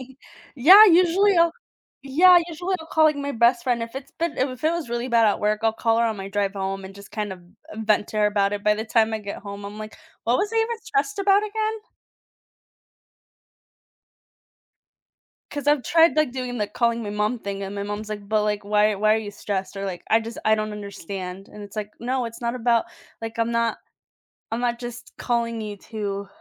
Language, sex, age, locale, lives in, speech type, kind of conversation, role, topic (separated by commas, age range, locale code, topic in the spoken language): English, female, 30-34, en-US, United States, conversation, unstructured, none, How do you find balance and relaxation after a busy day?